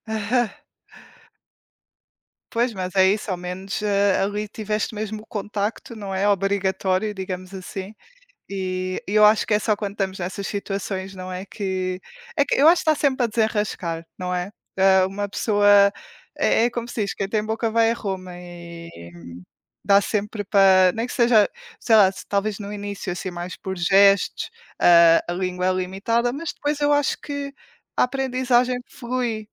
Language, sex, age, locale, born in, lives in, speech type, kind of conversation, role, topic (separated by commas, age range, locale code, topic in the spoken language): Portuguese, female, 20-24, pt-PT, Portugal, Portugal, conversation, unstructured, none, O que te deixa mais feliz ao aprender algo novo?